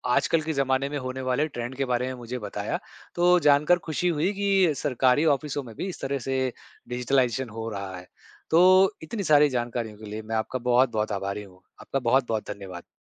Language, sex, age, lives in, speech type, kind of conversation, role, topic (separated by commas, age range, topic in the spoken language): Hindi, male, 35-39, India, read, expert-assistant, asker, सरकारी और प्राइवेट सेक्टर में काम करने के क्या फायदे और चुनौतियाँ हैं?